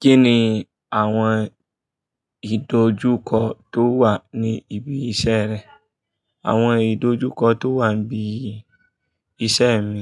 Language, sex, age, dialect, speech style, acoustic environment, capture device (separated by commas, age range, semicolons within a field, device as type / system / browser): Yoruba, male, 25-34, Nigeria - Kano, focused, people talking; traffic; music, mobile / Linux / Chrome